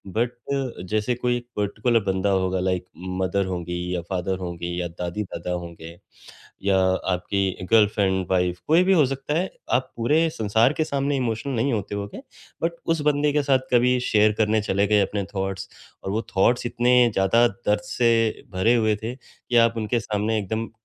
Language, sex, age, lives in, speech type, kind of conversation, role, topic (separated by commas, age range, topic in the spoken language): Hindi, male, 35-39, India, conversation, podcast, host, Emotional moments share karte waqt tum kitna vulnerable ho jaate ho?